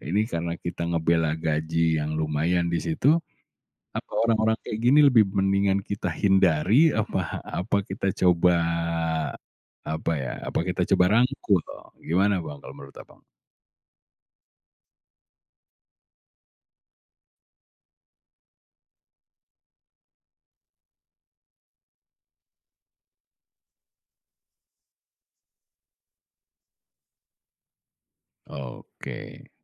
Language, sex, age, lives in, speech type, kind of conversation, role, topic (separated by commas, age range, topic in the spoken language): Indonesian, male, 40-44, Indonesia, conversation, podcast, host, Bagaimana kamu memilih antara gaji yang lebih tinggi dan budaya kerja yang lebih baik?